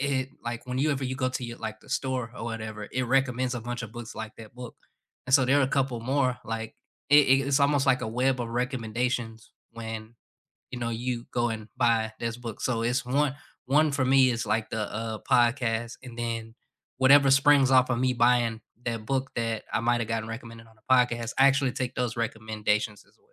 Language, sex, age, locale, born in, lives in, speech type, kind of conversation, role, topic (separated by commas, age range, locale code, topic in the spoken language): English, male, 25-29, en-US, United States, United States, conversation, unstructured, none, How do you choose your next book, and how do you like to share reading recommendations?